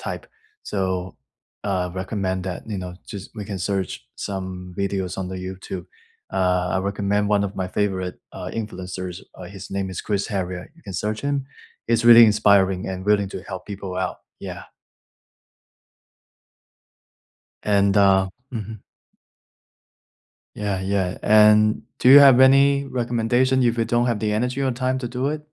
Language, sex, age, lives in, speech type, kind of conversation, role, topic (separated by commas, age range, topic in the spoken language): English, male, 25-29, United States, conversation, unstructured, none, If you could wipe your weekly routine clean, what would you create to support your goals over the next few years?